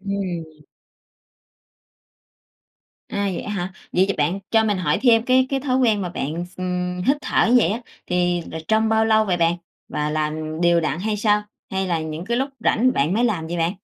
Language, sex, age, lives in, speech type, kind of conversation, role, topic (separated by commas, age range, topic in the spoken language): Vietnamese, female, 45-49, Vietnam, conversation, podcast, host, Bạn làm gì để ngủ ngon hơn mỗi đêm?